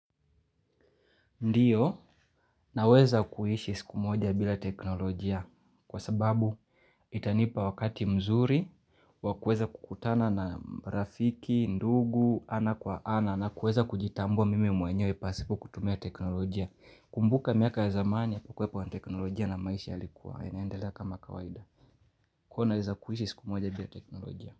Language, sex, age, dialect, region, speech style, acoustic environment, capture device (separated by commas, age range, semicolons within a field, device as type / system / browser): Swahili, male, 25-34, Kenya - Mombasa, Kenya, relaxed, people talking, mobile / Linux / Chrome